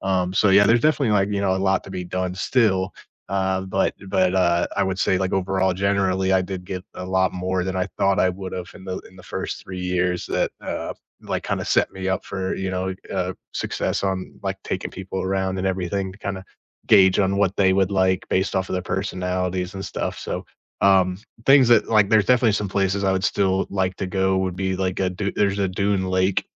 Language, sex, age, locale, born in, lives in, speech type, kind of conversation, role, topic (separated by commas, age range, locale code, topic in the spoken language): English, male, 30-34, en-US, United States, United States, conversation, unstructured, none, What local place in your neighborhood always makes you smile, and what makes it special?